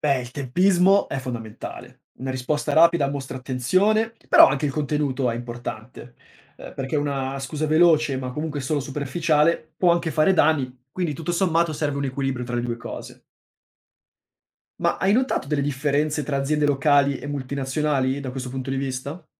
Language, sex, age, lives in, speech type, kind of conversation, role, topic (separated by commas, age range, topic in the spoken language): Italian, male, 25-29, Italy, read, expert-assistant, expert, Perché alcune aziende scelgono di scusarsi pubblicamente dopo uno scandalo mentre altre preferiscono restare in silenzio? È una questione culturale?